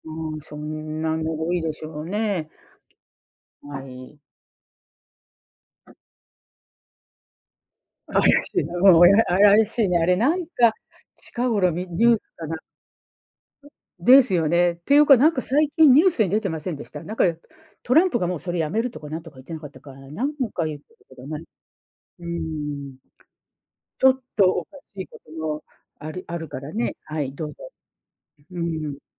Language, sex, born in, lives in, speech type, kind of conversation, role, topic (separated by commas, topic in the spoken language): Japanese, female, Japan, United States, conversation, unstructured, none, 自然破壊について、あなたはどう思いますか？